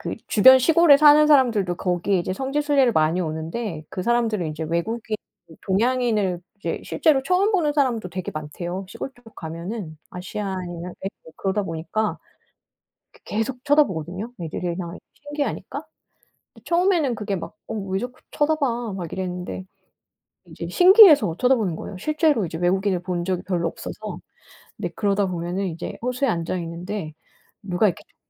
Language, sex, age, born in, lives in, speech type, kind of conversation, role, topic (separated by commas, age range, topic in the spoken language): Korean, female, 45-49, South Korea, France, conversation, unstructured, none, 여행 중에 만난 사람들과 특별한 경험을 한 적이 있나요?